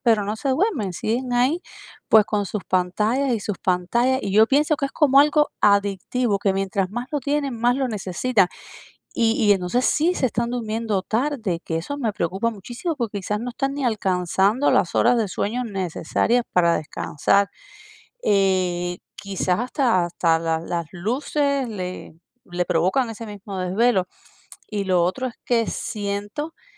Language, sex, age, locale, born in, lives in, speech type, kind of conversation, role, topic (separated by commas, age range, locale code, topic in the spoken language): Spanish, female, 40-44, es-CU, Cuba, United States, conversation, advice, user, ¿Cómo puedo establecer límites y horarios de uso de pantallas para reducir su uso antes de dormir?